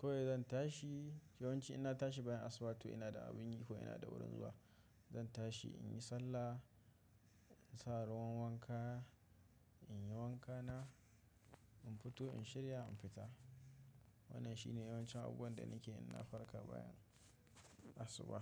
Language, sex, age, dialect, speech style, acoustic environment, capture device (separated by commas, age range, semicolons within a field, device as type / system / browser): Hausa, male, 25-34, Nigeria - Kano, tired, silence, mobile / macOS / Safari